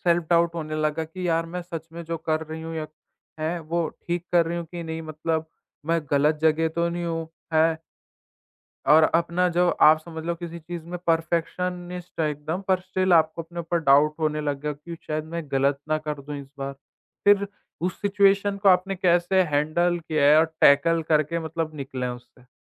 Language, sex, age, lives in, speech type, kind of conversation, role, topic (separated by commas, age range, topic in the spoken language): Hindi, male, 20-24, India, conversation, podcast, host, Kabhi apne aap pe doubt hua to kaise sambhala?